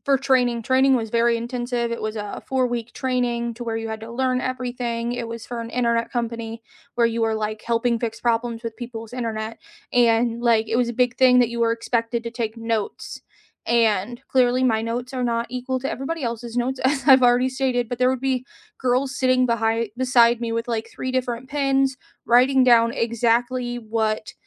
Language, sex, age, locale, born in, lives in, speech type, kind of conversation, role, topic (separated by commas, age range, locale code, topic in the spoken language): English, female, 30-34, en-US, United States, United States, conversation, unstructured, none, How do you learn best—by reading, watching, or doing?